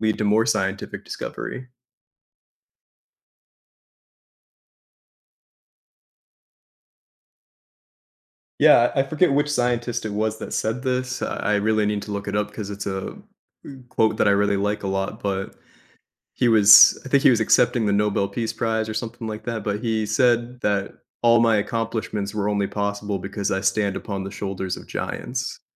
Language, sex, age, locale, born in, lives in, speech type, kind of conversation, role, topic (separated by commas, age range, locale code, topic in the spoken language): English, male, 25-29, en-US, United States, United States, conversation, podcast, host, In what ways does science shape the choices we make in our daily lives?